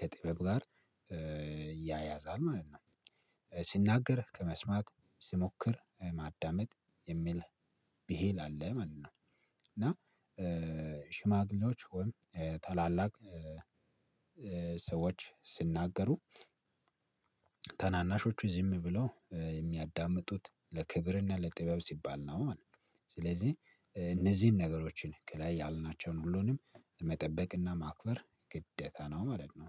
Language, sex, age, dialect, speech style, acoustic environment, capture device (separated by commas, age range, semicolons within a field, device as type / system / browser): Amharic, male, 25-34, Ethiopia - Addis Ababa, relaxed, nature, mobile / Linux / Chrome